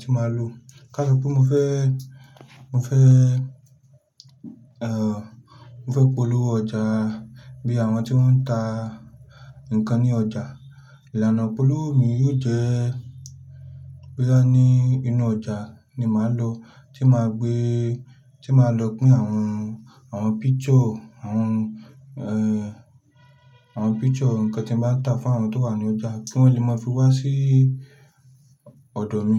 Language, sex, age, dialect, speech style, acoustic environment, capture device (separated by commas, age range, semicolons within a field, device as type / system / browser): Yoruba, male, 25-34, Nigeria - Lagos Yoruba, relaxed, silence, mobile / Linux / Chrome